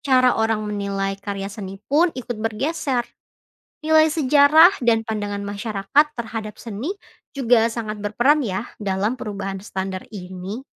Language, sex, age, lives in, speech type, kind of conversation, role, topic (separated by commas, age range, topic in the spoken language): Indonesian, female, 25-29, Indonesia, read, expert-assistant, expert, Apakah penilaian dan ciri khas seni selalu berubah karena pengaruh budaya luar?